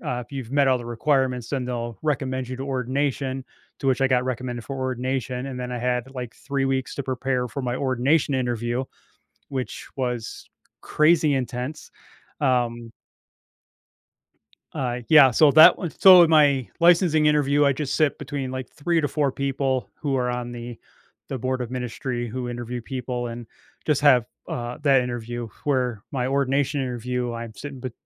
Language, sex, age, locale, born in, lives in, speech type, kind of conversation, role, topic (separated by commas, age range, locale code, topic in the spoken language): English, male, 45-49, en-US, United States, United States, conversation, advice, user, How can I show I'm ready for a promotion and approach my manager about it?